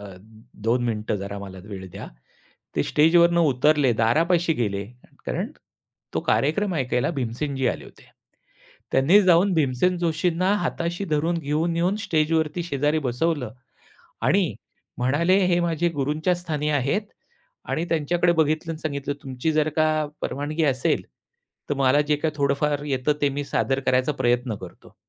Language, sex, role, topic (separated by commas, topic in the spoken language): Marathi, male, guest, संगीतामुळे तुमची ओळख बदलली आहे का, आणि ती कशी बदलली?